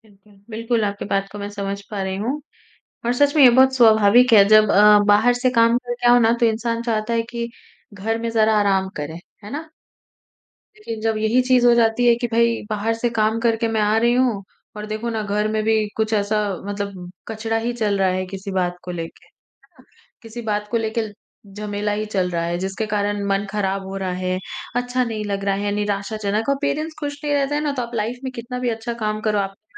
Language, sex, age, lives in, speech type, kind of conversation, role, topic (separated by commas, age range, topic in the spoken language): Hindi, female, 40-44, India, conversation, podcast, host, Parental expectations ke pressure se burnout se bachne ke real steps kya hain?